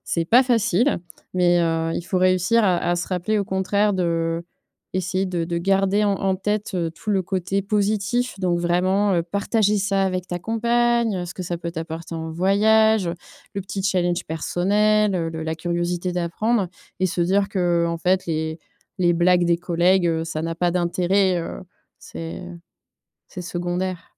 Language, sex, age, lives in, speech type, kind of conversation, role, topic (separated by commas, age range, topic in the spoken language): French, female, 30-34, France, conversation, advice, advisor, Comment garder confiance en moi après des critiques ou des rejets ?